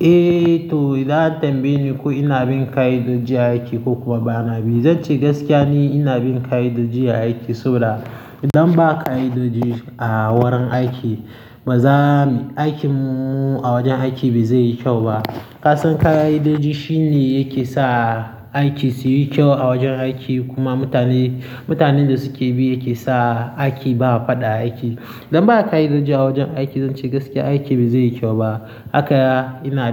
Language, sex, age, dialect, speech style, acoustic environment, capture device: Hausa, male, 18-24, Nigeria - Nigerian Standard, happy; nervous; excited, people talking; traffic; construction, mobile / Linux / Chrome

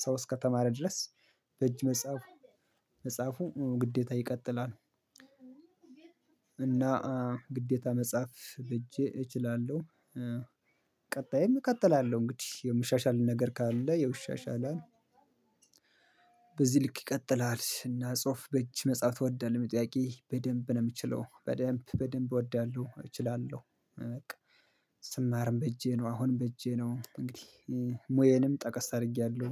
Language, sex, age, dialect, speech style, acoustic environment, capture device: Amharic, male, 25-34, Ethiopia - Gondar, relaxed; happy; focused; excited, silence; nature, mobile / Linux / Chrome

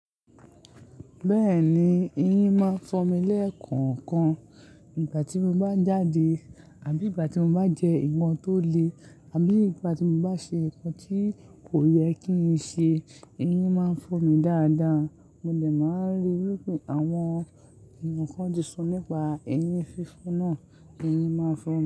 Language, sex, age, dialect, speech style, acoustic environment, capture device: Yoruba, male, 18-24, Nigeria - Lagos Yoruba, happy; anxious; excited; focused, nature; silence, mobile / Linux / Chrome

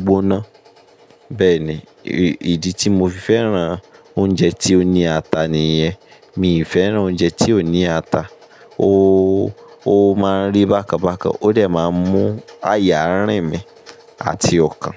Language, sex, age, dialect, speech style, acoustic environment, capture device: Yoruba, male, 25-34, Nigeria - Ibadan, stressed, construction; appliances, mobile / Linux / Chrome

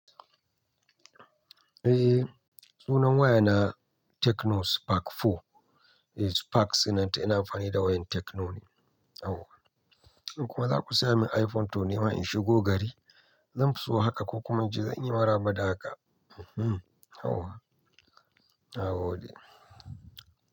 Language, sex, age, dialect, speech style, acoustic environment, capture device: Hausa, male, 25-34, Nigeria - Nigerian Standard, anxious, people talking, mobile / Linux / Chrome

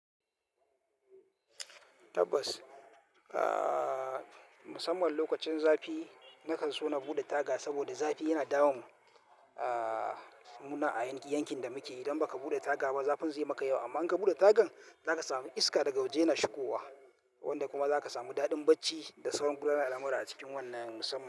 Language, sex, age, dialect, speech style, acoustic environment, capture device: Hausa, male, 18-24, Nigeria - Kano, relaxed, nature, mobile / Linux / Chrome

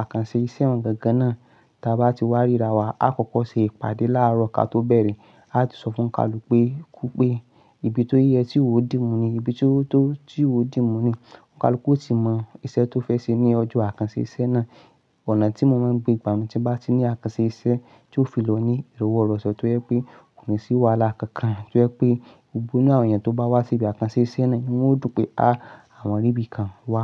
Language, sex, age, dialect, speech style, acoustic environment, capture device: Yoruba, male, 25-34, Nigeria - Ibadan, focused, nature, mobile / Linux / Chrome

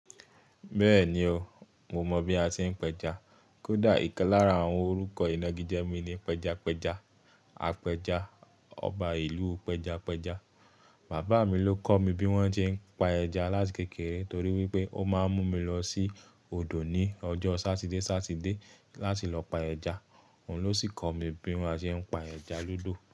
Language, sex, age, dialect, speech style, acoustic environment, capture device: Yoruba, male, 25-34, Nigeria - Ibadan, relaxed, silence, mobile / Linux / Chrome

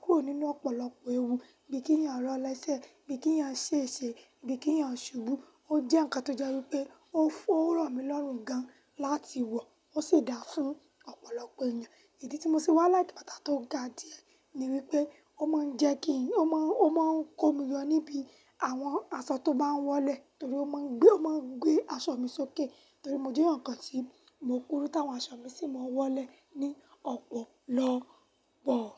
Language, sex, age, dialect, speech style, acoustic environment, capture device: Yoruba, female, 18-24, Nigeria - Lagos Yoruba, happy; nervous, silence, mobile / Linux / Chrome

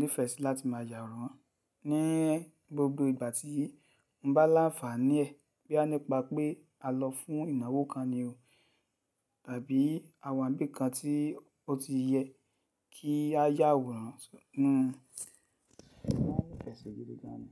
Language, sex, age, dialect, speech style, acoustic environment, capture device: Yoruba, male, 35-44, Nigeria - Lagos Yoruba, focused, silence, mobile / Linux / Chrome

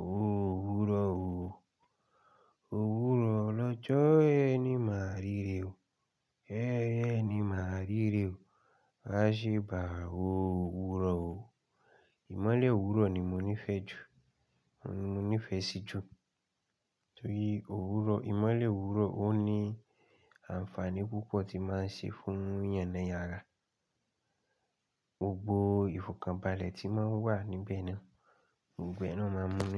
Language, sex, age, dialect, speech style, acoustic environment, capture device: Yoruba, male, 25-34, Nigeria - Ibadan, relaxed, silence, mobile / Linux / Chrome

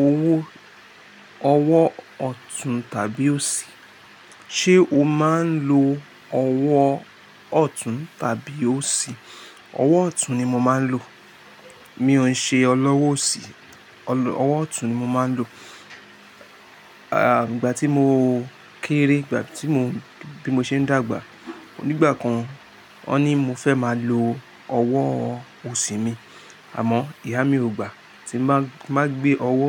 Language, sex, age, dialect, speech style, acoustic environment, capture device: Yoruba, male, 25-34, Nigeria - Lagos Yoruba, happy, silence, mobile / Linux / Chrome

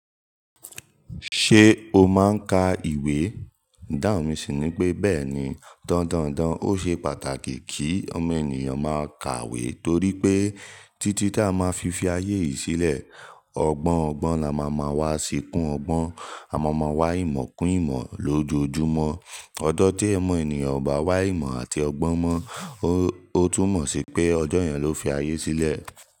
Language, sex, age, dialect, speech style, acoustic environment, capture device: Yoruba, male, 18-24, Nigeria - Nigerian Standard, relaxed; focused, nature, mobile / Linux / Chrome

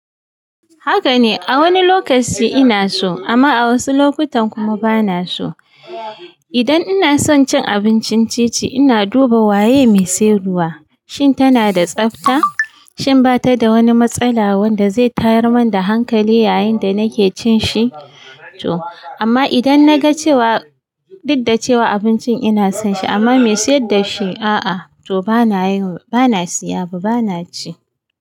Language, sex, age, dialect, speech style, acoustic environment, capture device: Hausa, female, 35-44, Nigeria - Kano, happy; excited; focused; relaxed, nature; silence, mobile / Linux / Chrome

